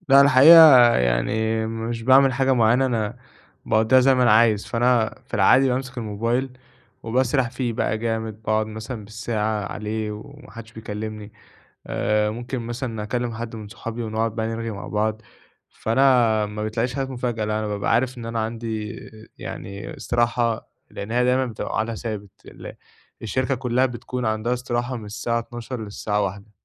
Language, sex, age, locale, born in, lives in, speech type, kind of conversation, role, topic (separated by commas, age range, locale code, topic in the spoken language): Arabic, male, 20-24, ar-EG, Egypt, Egypt, conversation, advice, user, إزاي أحوّل فترات الراحة القصيرة خلال اليوم لنشاط بدني بسيط ومستمر؟